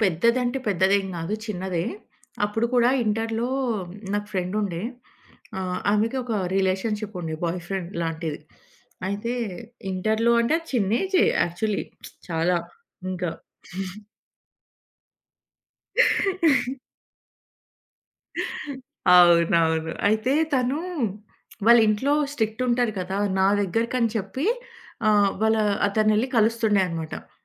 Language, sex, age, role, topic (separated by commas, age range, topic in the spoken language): Telugu, female, 30-34, guest, సరైనగా 'అవును' లేదా 'కాదు' చెప్పే నైపుణ్యం మీకు ఎలా వచ్చింది?